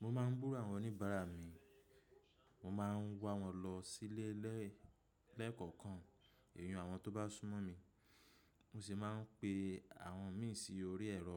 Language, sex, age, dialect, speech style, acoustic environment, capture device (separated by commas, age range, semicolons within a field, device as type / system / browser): Yoruba, male, 25-34, Nigeria - Lagos Yoruba, happy, people talking; appliances, mobile / macOS / Safari